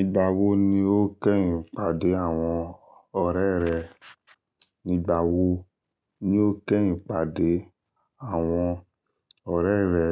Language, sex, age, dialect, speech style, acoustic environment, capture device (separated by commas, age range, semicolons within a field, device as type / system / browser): Yoruba, male, 25-34, Nigeria - Lagos Yoruba, focused, nature, desktop / Linux / Chrome